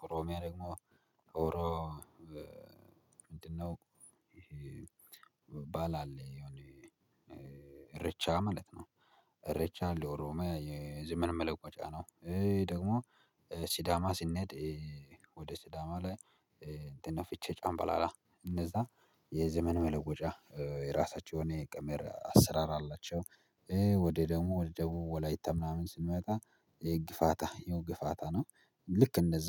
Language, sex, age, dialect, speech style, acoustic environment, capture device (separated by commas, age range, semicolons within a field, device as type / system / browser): Amharic, male, 25-34, Ethiopia - Addis Ababa, happy, silence, mobile / Linux / Chrome